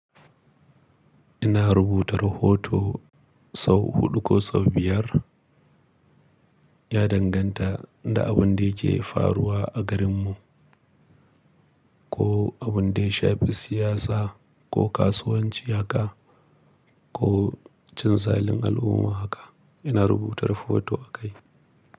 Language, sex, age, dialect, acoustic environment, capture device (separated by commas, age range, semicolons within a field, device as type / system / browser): Hausa, male, 25-34, Nigeria - Kano, silence, mobile / Linux / Chrome